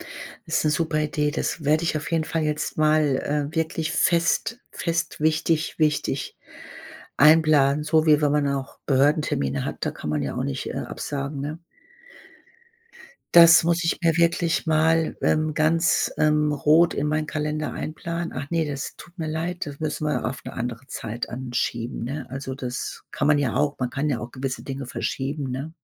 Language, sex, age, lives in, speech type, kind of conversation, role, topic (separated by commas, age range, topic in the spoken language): German, female, 55-59, Germany, conversation, advice, user, Wie schaffe ich es, genug Zeit für mich selbst zu finden, obwohl ich ständig verabredet und beschäftigt bin?